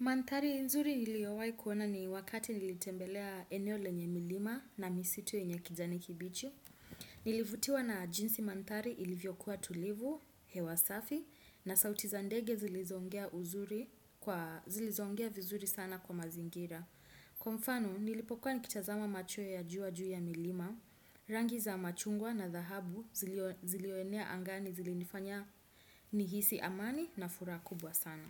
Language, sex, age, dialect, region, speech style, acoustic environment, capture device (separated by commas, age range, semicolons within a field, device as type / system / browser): Swahili, female, 25-34, Kenya - Nairobi Sheng-influenced, Kenya, anxious, silence, desktop / Windows / Chrome